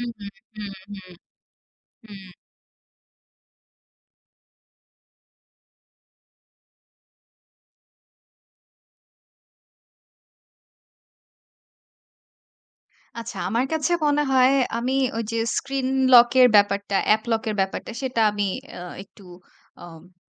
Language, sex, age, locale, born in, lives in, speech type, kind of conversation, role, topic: Bengali, female, 25-29, bn-BD, Bangladesh, Bangladesh, conversation, podcast, guest, বাচ্চাদের স্ক্রিনটাইম আপনি কীভাবে নিয়ন্ত্রণ করেন?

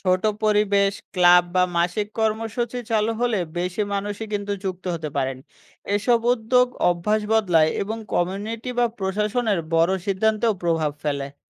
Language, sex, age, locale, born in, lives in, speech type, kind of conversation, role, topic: Bengali, male, 25-29, bn-BD, Bangladesh, Bangladesh, read, expert-assistant, expert, শহরের বায়ু দূষণ হঠাৎ করে এত খারাপ হচ্ছে কেন? কোন কোন নগর পরিকল্পনার সমস্যাগুলো এর জন্য দায়ী?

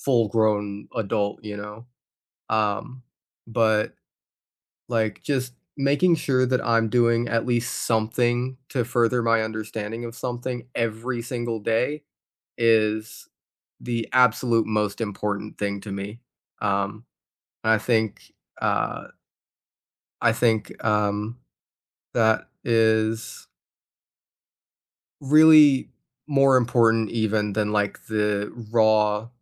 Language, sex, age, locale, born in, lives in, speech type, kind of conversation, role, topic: English, other, 20-24, en-US, United States, United States, conversation, unstructured, none, What helped you learn a new skill as an adult?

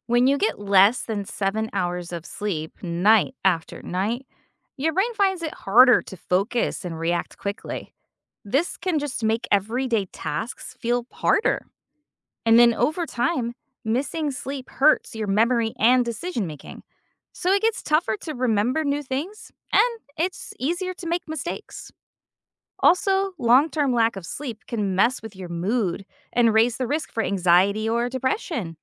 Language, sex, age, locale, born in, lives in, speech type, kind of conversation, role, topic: English, female, 45-49, en-US, United States, United States, read, expert-assistant, expert, Why do people need to sleep every night?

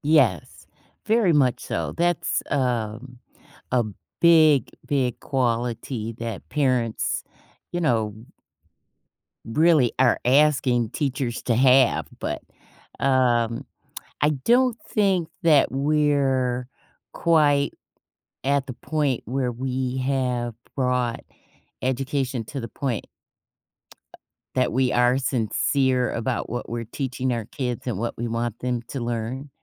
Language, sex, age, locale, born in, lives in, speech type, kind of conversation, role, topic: English, female, 55-59, en-US, United States, United States, conversation, podcast, guest, What qualities help teachers make a lasting impact on their students?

